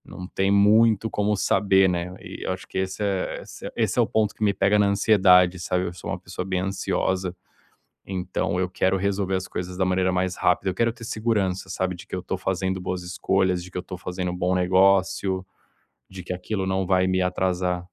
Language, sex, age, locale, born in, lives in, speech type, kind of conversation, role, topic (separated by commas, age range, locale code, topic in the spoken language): Portuguese, male, 30-34, pt-BR, Brazil, Spain, conversation, advice, user, Como posso lidar com a ansiedade em relação ao futuro sem ficar paralisado?